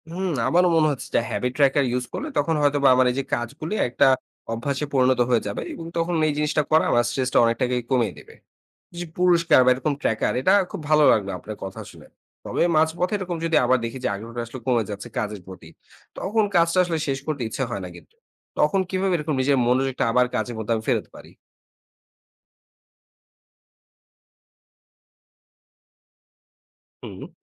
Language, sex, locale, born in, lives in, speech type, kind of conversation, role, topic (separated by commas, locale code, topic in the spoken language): Bengali, male, bn-BD, Bangladesh, Bangladesh, read, expert-assistant, asker, দৈনন্দিন ব্যস্ততার মাঝে মানসিক শান্তি ধরে রাখার সহজ কৌশল কী কী?